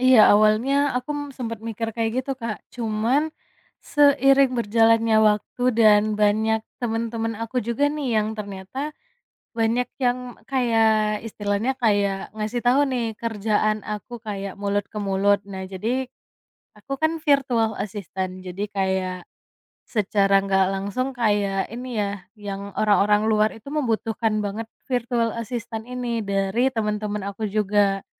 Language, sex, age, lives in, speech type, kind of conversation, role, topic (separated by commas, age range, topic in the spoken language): Indonesian, female, 25-29, Indonesia, conversation, podcast, guest, Keterampilan sederhana apa yang dulu dianggap remeh, tetapi sekarang ternyata sangat berguna?